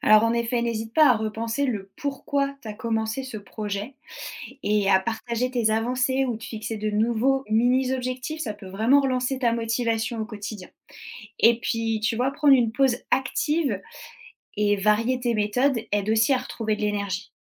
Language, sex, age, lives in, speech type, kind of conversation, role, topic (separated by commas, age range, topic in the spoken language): French, female, 30-34, France, read, expert-assistant, expert, Comment planifier mon budget pour concrétiser un grand rêve sans me priver au quotidien ?